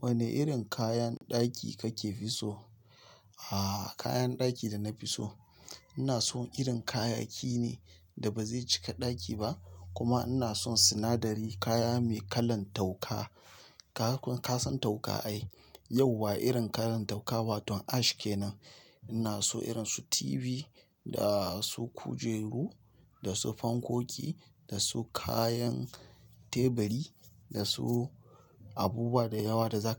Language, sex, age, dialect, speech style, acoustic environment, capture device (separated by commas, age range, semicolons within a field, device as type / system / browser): Hausa, male, 35-44, Nigeria - Nigerian Standard, tired, silence, mobile / Linux / Chrome